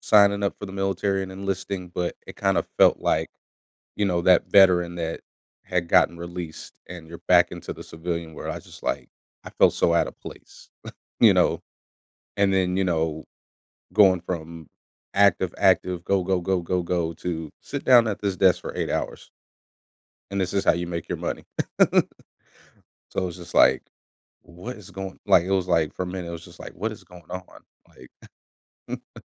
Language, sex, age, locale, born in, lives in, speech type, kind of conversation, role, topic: English, male, 30-34, en-US, United States, United States, conversation, podcast, guest, What helps you bounce back and grow after facing challenges or disappointments?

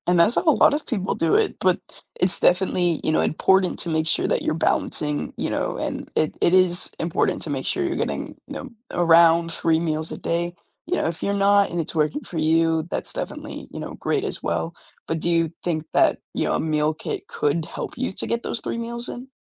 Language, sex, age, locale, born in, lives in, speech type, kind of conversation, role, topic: English, male, 18-19, en-US, United States, United States, conversation, advice, advisor, How can I decide if a meal kit subscription is right for my lifestyle?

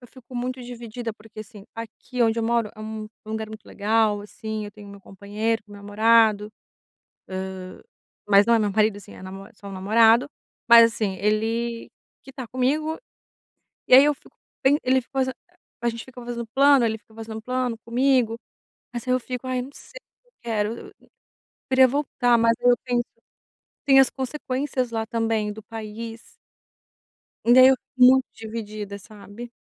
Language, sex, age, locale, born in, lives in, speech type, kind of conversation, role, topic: Portuguese, female, 30-34, pt-BR, Brazil, Italy, conversation, advice, user, Como posso criar cenários futuros para comparar opções importantes da minha vida?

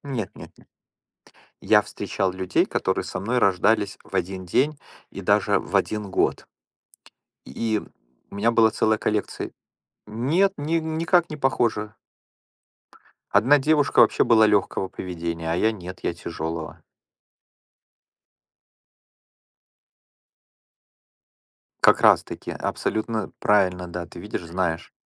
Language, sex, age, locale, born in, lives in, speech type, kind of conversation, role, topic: Russian, male, 45-49, ru-RU, Ukraine, United States, conversation, unstructured, none, Какие праздники вы больше всего любили в детстве?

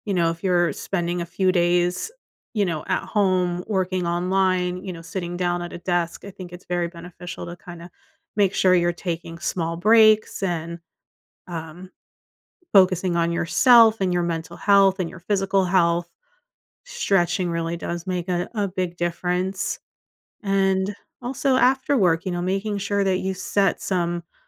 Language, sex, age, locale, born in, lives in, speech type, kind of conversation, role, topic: English, female, 40-44, en-US, United States, United States, conversation, advice, advisor, How can I find a better work-life balance?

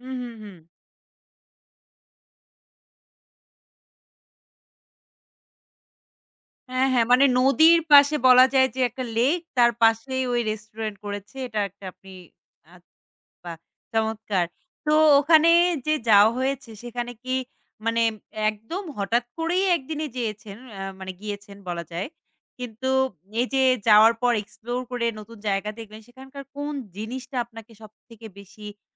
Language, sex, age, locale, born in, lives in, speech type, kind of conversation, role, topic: Bengali, female, 25-29, bn-BD, Bangladesh, Bangladesh, conversation, podcast, host, একটি অল্পপরিচিত স্থান আবিষ্কার করার গল্প শেয়ার করবেন?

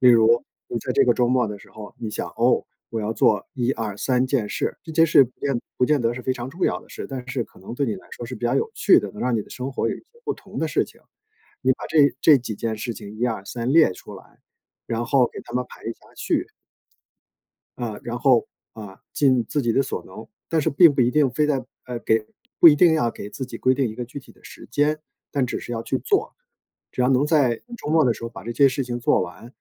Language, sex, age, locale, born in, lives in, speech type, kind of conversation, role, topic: Chinese, male, 50-54, zh-CN, China, United States, conversation, advice, advisor, 你的周末生活为什么会变得没有规律、越来越混乱？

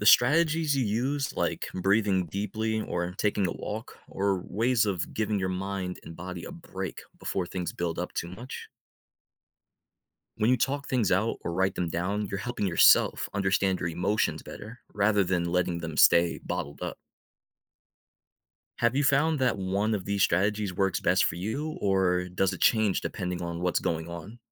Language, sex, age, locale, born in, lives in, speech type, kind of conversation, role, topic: English, male, 25-29, en-US, United States, United States, read, expert-assistant, expert, Why is it important to recognize and manage your emotions?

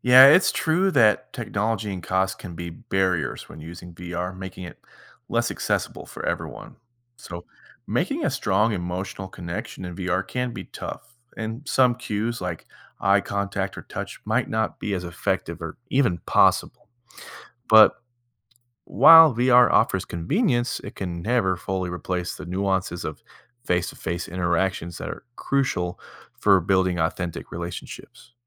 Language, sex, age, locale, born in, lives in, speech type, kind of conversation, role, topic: English, male, 30-34, en-US, United States, United States, read, expert-assistant, expert, What are the implications of virtual reality on human interaction?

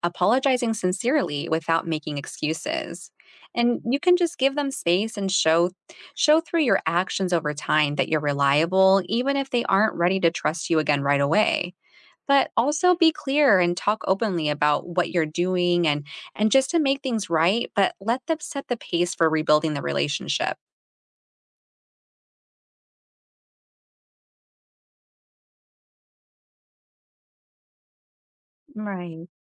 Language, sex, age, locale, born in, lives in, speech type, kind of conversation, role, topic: English, female, 35-39, en-US, United States, United States, read, expert-assistant, expert, What are some ways to build trust with others?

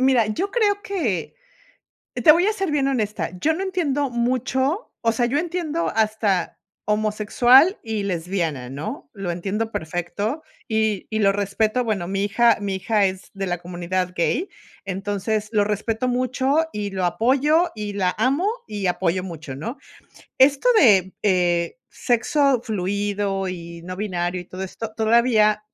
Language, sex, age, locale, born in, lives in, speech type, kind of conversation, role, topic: Spanish, female, 50-54, es-MX, Mexico, United States, conversation, unstructured, none, ¿Crees que es importante hablar sobre tus emociones?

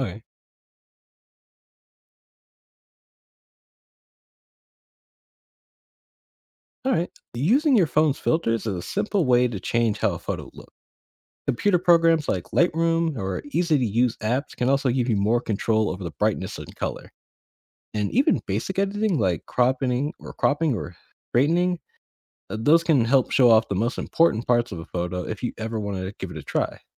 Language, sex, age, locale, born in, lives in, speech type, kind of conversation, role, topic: English, male, 25-29, en-US, United States, United States, read, expert-assistant, expert, How can you take, store, and edit photos effectively with a camera?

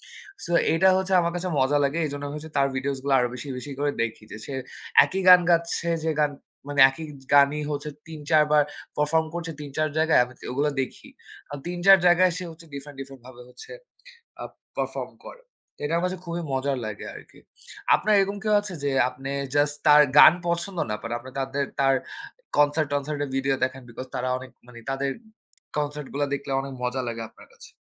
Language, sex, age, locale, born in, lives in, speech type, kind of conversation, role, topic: Bengali, male, 25-29, bn-BD, Bangladesh, Bangladesh, conversation, unstructured, none, সাংগীতিক শিল্পীদের জনপ্রিয়তা কি সবসময় ন্যায্য হয়?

